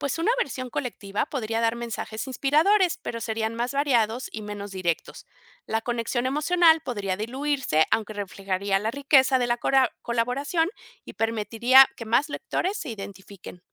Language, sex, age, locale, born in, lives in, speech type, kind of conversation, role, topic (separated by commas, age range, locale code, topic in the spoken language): Spanish, female, 50-54, es-MX, Mexico, Mexico, read, expert-assistant, expert, ¿Qué pasaría si Don Quijote viviera en el siglo XXI? ¿Cómo crees que sería su aventura hoy en día?